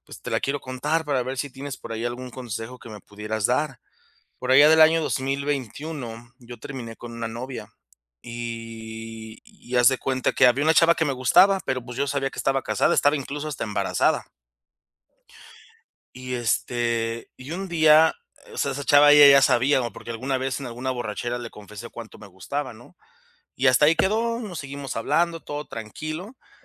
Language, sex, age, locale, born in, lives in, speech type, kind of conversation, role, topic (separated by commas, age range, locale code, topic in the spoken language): Spanish, male, 30-34, es-MX, Mexico, Mexico, conversation, advice, user, ¿Cómo puedo aprender de mis errores sin juzgarme y evitar volver a caer en lo mismo?